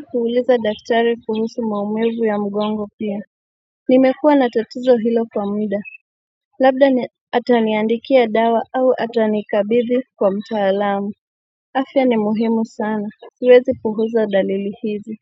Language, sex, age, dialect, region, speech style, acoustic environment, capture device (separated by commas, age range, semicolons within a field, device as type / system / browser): Swahili, female, 25-34, Kenya - Mombasa, Kenya, relaxed, nature, mobile / Android / Chrome